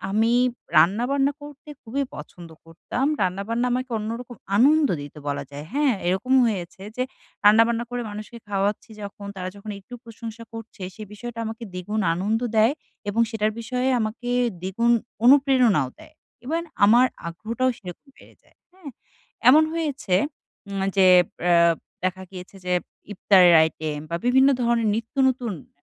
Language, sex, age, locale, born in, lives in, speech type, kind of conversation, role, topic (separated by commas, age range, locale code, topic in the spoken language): Bengali, female, 25-29, bn-BD, Bangladesh, Bangladesh, conversation, advice, user, আমি কীভাবে আমার আগ্রহ ও অনুপ্রেরণা ফিরে পেতে পারি?